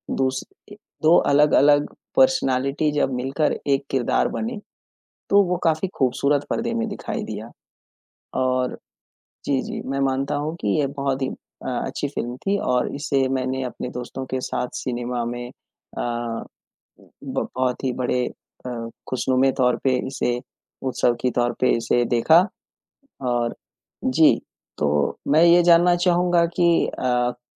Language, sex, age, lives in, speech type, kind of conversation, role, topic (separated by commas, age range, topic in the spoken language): Hindi, male, 25-29, India, conversation, unstructured, none, आप किस फिल्म के किस किरदार से सबसे ज्यादा जुड़ाव महसूस करते हैं?